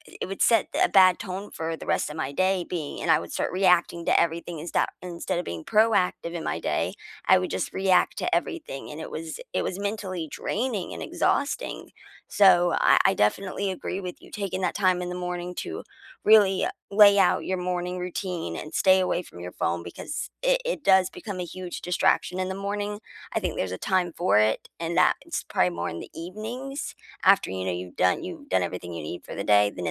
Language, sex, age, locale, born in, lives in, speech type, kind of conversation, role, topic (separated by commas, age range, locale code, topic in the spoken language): English, female, 25-29, en-US, United States, United States, conversation, unstructured, none, What small daily rituals make your mornings better?